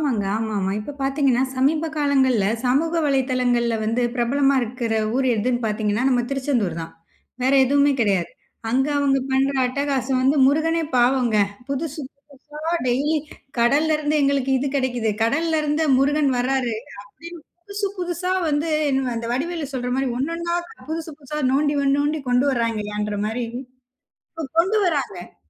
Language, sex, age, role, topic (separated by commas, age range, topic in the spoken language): Tamil, female, 30-34, guest, கடலடிக்கரையில் நிற்கும்போது உங்களுக்கு முதலில் என்ன உணர்வு வருகிறது?